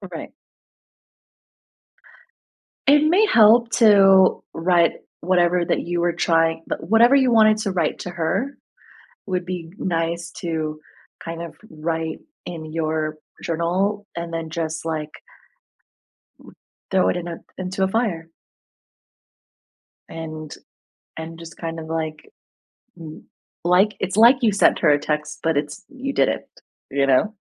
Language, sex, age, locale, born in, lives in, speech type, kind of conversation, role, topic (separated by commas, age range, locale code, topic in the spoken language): English, female, 30-34, en-US, United States, United States, conversation, advice, advisor, How do I cope with intense emotions and start moving on after a breakup?